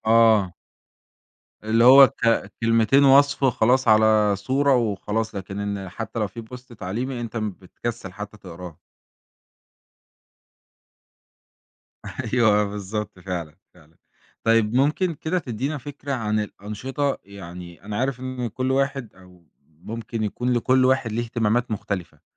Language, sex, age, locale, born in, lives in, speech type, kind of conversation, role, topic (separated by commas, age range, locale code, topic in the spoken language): Arabic, male, 20-24, ar-EG, Egypt, Egypt, conversation, podcast, host, إزاي بتحافظ على التوازن بين التكنولوجيا وحياتك؟